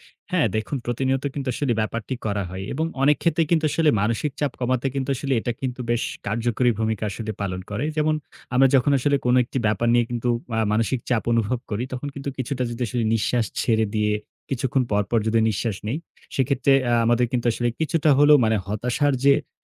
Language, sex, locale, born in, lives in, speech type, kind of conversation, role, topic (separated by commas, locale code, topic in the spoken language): Bengali, male, bn-BD, Bangladesh, Bangladesh, conversation, podcast, guest, তুমি মানসিক চাপ কমাতে কী করো?